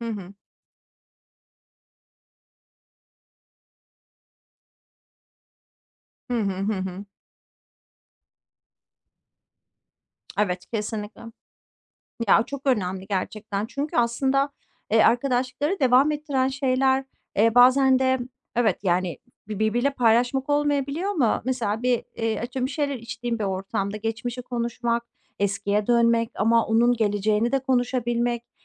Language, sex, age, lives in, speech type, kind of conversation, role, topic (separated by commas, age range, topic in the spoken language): Turkish, female, 40-44, Netherlands, conversation, unstructured, none, Arkadaşlarınla anlaşmazlık yaşamak seni neden korkutabilir?